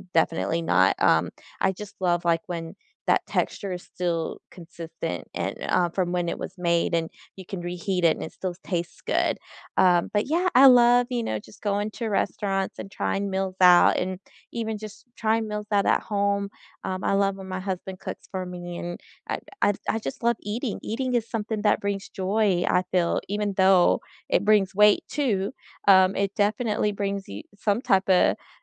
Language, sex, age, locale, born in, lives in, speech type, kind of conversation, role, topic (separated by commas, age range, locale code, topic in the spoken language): English, female, 35-39, en-US, United States, United States, conversation, unstructured, none, What is the best meal you have had recently, and why did it stand out?